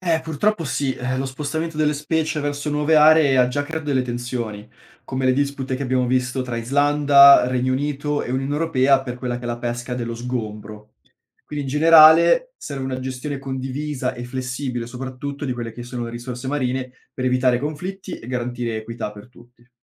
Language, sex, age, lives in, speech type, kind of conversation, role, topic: Italian, male, 25-29, Italy, read, expert-assistant, expert, Come funzionano le correnti oceaniche e quale impatto hanno sul clima globale?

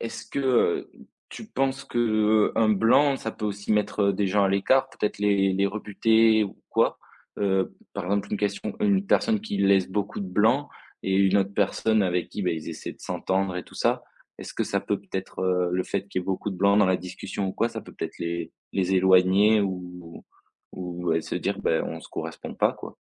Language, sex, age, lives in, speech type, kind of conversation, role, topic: French, male, 20-24, France, conversation, podcast, host, Quelle place faut-il donner au silence pour bien écouter ?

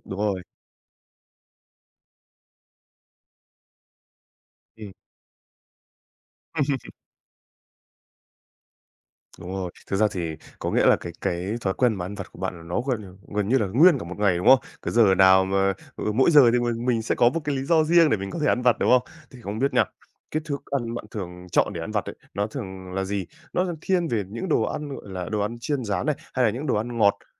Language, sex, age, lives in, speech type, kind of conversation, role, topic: Vietnamese, male, 18-19, Vietnam, conversation, advice, advisor, Thói quen ăn vặt không lành mạnh ảnh hưởng đến sức khỏe của bạn như thế nào?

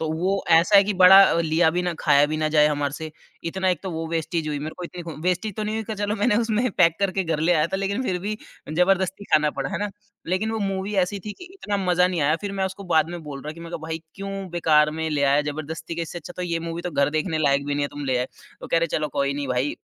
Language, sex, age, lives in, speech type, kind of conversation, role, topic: Hindi, male, 30-34, India, conversation, podcast, guest, Streaming aur theatre mein se film dekhne ka sabse behtar anubhav tumhe kaunsa lagta hai?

